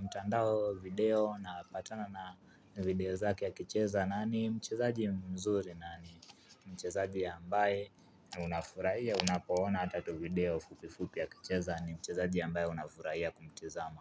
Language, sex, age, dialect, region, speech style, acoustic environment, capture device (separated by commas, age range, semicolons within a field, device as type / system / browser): Swahili, male, 18-24, Kenya - Nairobi Sheng-influenced, Kenya, relaxed, nature, mobile / Linux / Chrome